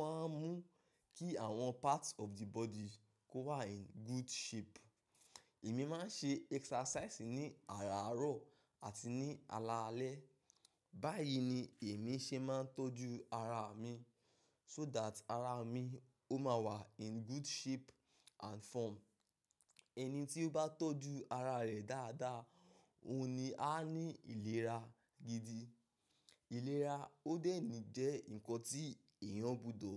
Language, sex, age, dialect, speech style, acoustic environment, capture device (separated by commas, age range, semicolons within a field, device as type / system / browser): Yoruba, male, 25-34, Nigeria - Ibadan, focused, nature; silence, mobile / macOS / Safari